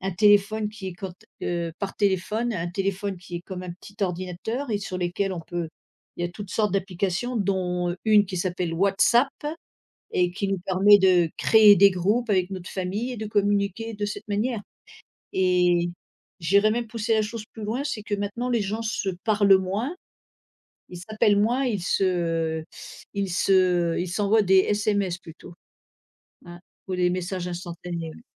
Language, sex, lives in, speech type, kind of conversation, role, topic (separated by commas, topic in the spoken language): French, female, United States, conversation, unstructured, none, Comment la technologie change-t-elle notre façon de communiquer aujourd’hui ?